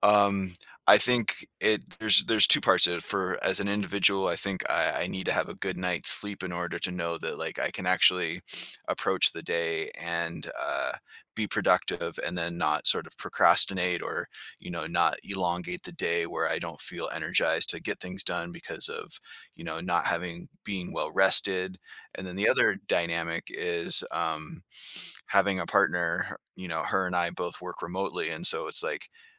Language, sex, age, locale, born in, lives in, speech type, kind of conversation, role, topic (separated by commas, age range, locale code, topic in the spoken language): English, male, 40-44, en-US, Canada, United States, conversation, podcast, guest, How do you create healthy boundaries between your work and personal life?